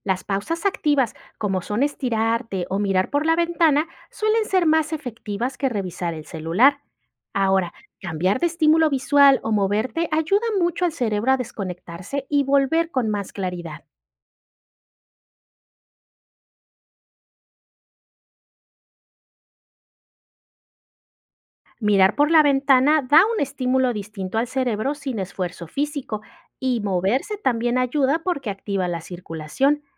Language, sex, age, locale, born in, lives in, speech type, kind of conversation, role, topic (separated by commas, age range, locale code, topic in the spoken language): Spanish, female, 35-39, es-MX, Mexico, Mexico, read, expert-assistant, expert, ¿Cuáles podrían ser las causas de la falta de concentración repentina en el trabajo o en la vida diaria?